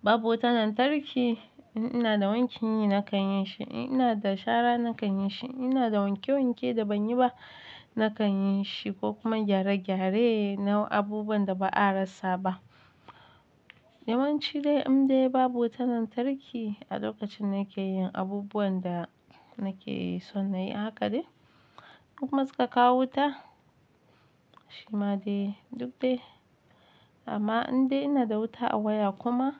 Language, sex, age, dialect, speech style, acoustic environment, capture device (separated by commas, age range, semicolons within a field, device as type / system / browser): Hausa, female, 25-34, Nigeria - Nigerian Standard, relaxed; happy; excited; focused, silence; music, mobile / Linux / Chrome